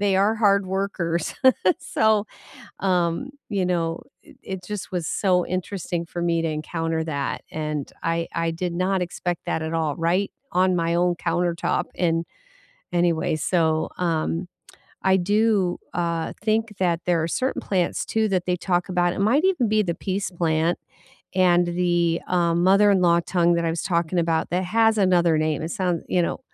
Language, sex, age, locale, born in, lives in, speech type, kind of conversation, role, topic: English, female, 60-64, en-US, United States, United States, conversation, unstructured, none, Which indoor plants will thrive in your space, and how should you style them?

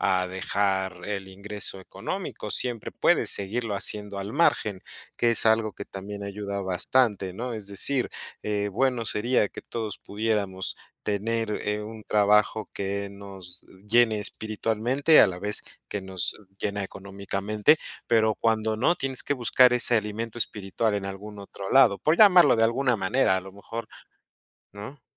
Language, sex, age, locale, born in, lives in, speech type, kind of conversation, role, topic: Spanish, male, 35-39, es-MX, Mexico, Mexico, conversation, unstructured, none, ¿Cómo eliges tu carrera profesional?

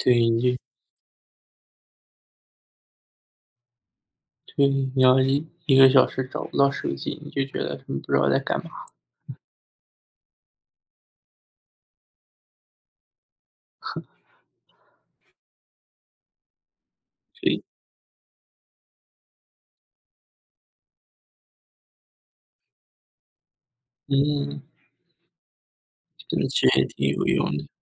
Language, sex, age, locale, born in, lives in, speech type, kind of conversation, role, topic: Chinese, male, 30-34, zh-CN, China, United States, conversation, unstructured, none, 你觉得智能手机让生活变得更好吗？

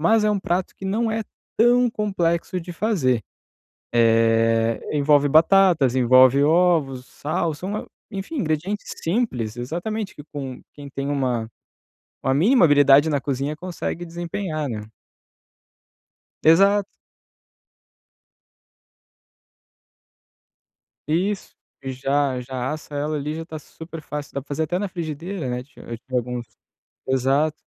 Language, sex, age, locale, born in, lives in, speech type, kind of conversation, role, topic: Portuguese, male, 30-34, pt-BR, Brazil, Italy, conversation, advice, advisor, Como posso controlar a vontade de comer alimentos processados no dia a dia?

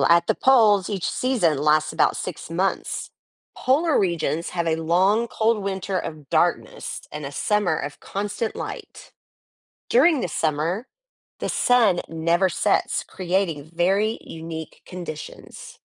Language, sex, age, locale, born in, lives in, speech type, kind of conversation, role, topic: English, female, 45-49, en-US, United States, United States, read, expert-assistant, expert, How do the seasons change throughout the year?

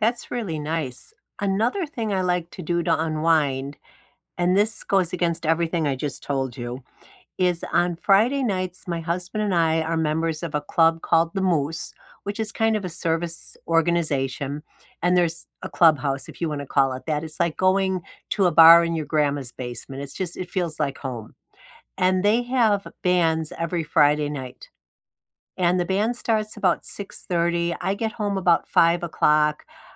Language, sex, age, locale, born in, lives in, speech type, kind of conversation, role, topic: English, female, 65-69, en-US, United States, United States, conversation, unstructured, none, What's your favorite way to unwind after a long day?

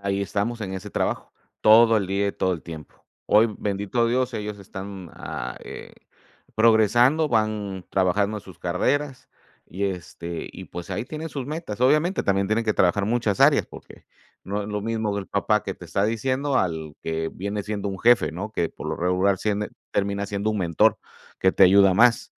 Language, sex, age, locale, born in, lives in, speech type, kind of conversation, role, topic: Spanish, male, 45-49, es-MX, Mexico, Mexico, conversation, unstructured, none, ¿Qué hábito pequeño te ha cambiado la vida?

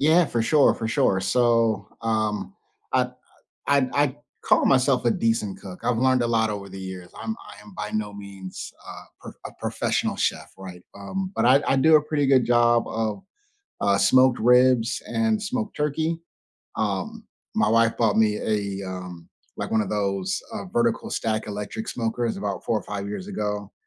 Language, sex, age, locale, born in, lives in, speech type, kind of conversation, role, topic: English, male, 35-39, en-US, United States, United States, conversation, unstructured, none, What role does food play in your family gatherings?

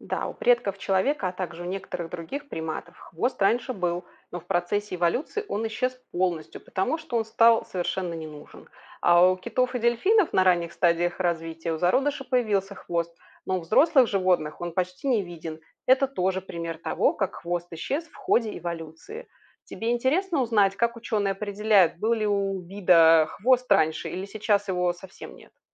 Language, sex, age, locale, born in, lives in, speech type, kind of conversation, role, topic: Russian, female, 40-44, ru-RU, Belarus, Spain, read, expert-assistant, expert, Почему у некоторых животных есть хвост?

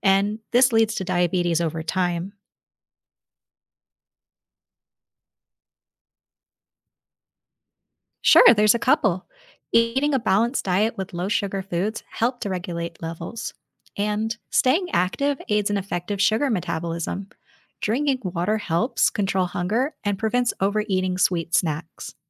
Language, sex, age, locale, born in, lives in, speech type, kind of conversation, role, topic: English, female, 30-34, en-US, United States, United States, read, expert-assistant, expert, How does the body process sugar?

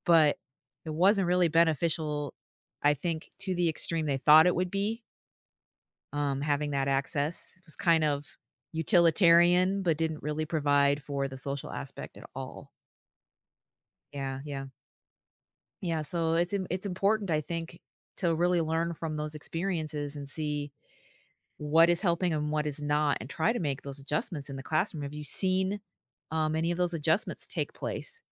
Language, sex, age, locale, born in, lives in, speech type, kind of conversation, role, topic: English, female, 50-54, en-US, United States, United States, conversation, unstructured, none, How is technology changing the way we learn and teach?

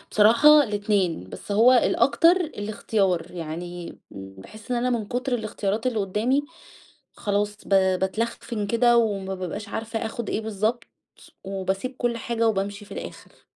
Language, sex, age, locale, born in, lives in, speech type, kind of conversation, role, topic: Arabic, female, 30-34, ar-EG, Egypt, Egypt, conversation, advice, user, إزاي أتعامل مع إرهاق التسوّق واتخاذ القرارات من غير ما أتوتر؟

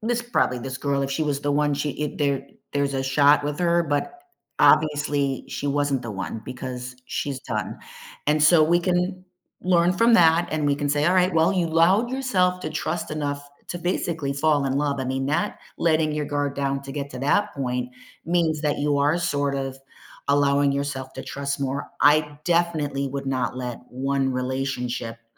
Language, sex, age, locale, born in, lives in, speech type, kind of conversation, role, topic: English, female, 50-54, en-US, United States, United States, conversation, advice, advisor, How can I cope with loneliness and start reconnecting after a breakup?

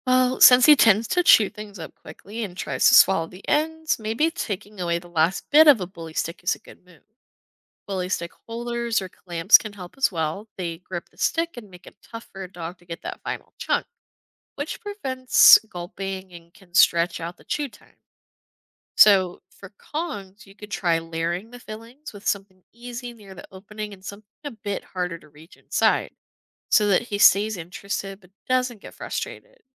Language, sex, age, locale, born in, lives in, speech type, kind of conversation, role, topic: English, female, 20-24, en-US, United States, United States, read, expert-assistant, expert, How can routines be coordinated for pets with different needs?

